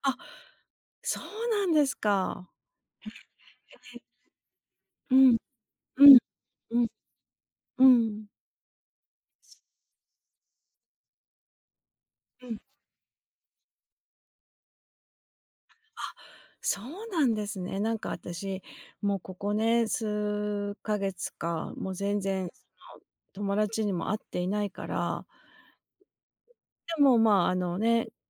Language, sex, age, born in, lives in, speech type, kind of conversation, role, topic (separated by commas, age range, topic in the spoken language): Japanese, female, 50-54, Japan, United States, conversation, advice, user, 趣味を楽しんだり友人に会ったりする気力がなく、孤立していると感じるのはなぜですか？